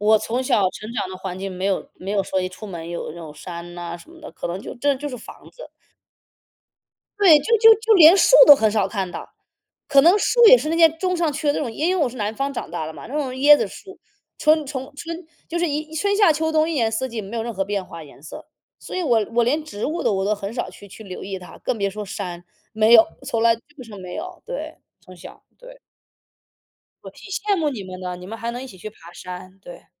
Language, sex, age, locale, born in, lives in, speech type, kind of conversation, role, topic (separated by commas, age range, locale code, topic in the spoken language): Chinese, male, 35-39, zh-CN, United States, United States, conversation, unstructured, none, 你最喜欢和家人一起旅行的经历是什么？